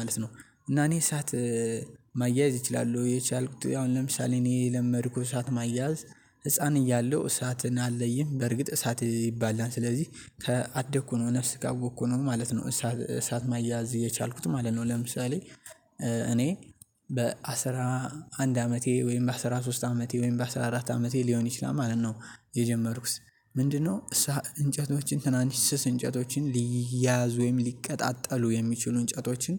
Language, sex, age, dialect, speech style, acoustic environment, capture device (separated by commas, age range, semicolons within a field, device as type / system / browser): Amharic, male, 18-24, Ethiopia - Gondar, happy; excited, nature; silence, mobile / Linux / Chrome